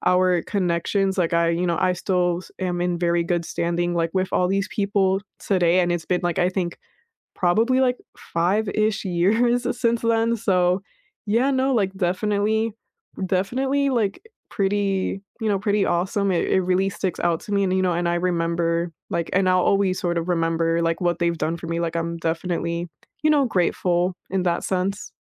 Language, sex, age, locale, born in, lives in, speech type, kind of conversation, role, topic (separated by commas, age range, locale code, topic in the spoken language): English, female, 20-24, en-US, United States, United States, conversation, unstructured, none, When have you felt truly seen by someone?